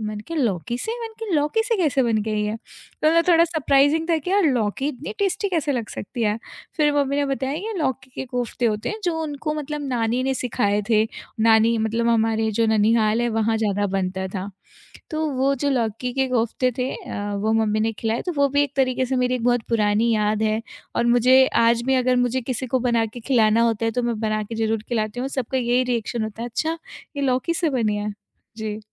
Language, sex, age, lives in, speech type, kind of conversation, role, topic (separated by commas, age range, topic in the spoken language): Hindi, female, 25-29, India, conversation, podcast, guest, तुम्हारे बचपन का सुकून देने वाला पसंदीदा खाना क्या था?